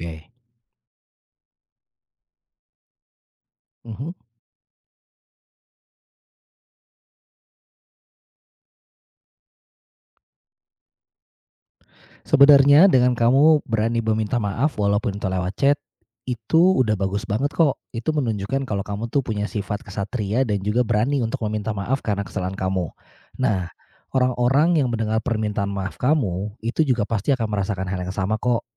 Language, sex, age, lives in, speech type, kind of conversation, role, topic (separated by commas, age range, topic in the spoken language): Indonesian, male, 35-39, Indonesia, conversation, advice, advisor, Mengapa saya sering meledak marah lalu menyesal?